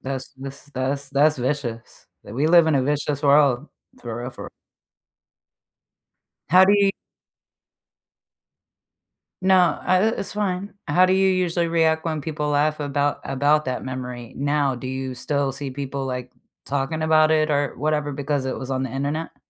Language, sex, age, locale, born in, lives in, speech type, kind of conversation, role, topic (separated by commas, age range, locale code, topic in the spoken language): English, female, 35-39, en-US, United States, United States, conversation, unstructured, none, What is a memory that others find funny but you find painful?